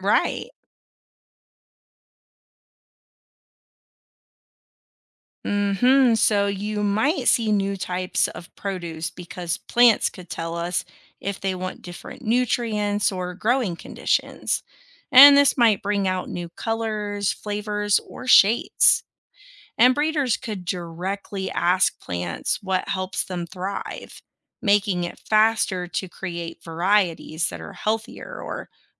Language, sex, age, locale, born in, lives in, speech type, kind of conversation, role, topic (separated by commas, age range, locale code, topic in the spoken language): English, female, 35-39, en-US, United States, United States, read, expert-assistant, expert, What would happen if humans could talk to plants?